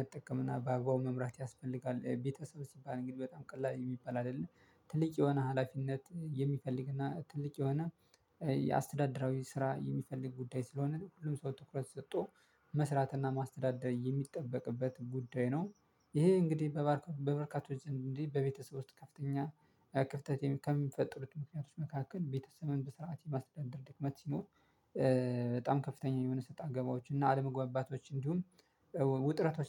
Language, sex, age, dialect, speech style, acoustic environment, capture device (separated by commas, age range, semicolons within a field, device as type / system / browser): Amharic, male, 25-34, Ethiopia - Addis Ababa, happy, silence, mobile / Linux / Chrome